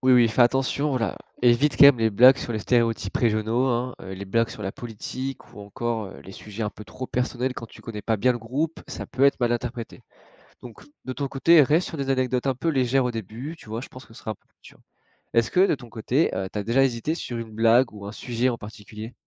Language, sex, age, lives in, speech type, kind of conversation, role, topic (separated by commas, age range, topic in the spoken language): French, male, 20-24, France, read, expert-assistant, expert, Comment s’intégrer dans une équipe en adoptant les expressions et traditions locales ?